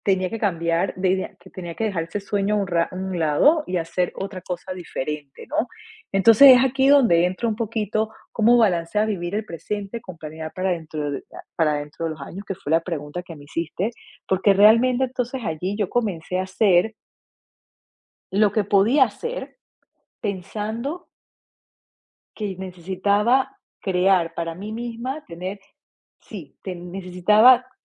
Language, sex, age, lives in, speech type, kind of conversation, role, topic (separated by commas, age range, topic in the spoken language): Spanish, female, 45-49, United States, conversation, podcast, guest, ¿Cómo equilibras vivir el presente con planear a largo plazo?